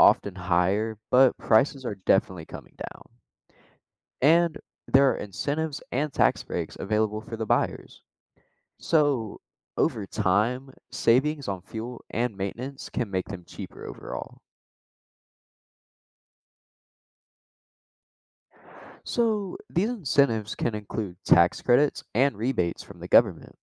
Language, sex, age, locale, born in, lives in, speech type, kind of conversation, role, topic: English, male, 18-19, en-US, United States, United States, read, expert-assistant, expert, How do electric cars work and what are their benefits?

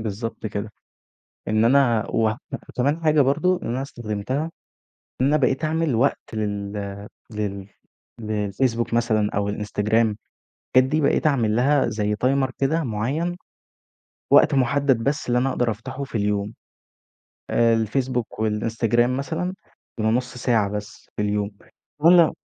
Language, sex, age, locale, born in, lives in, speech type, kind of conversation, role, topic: Arabic, male, 20-24, ar-EG, Egypt, Egypt, conversation, podcast, guest, إزاي بتتغلب على التشتت أثناء المذاكرة؟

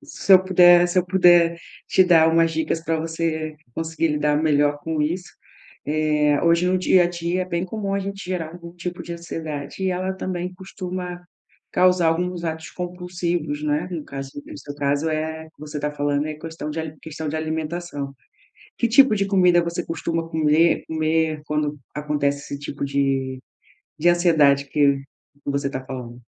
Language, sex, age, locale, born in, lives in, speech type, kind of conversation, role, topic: Portuguese, female, 40-44, pt-BR, Brazil, Portugal, conversation, advice, advisor, Como posso controlar melhor os lanches e os desejos alimentares?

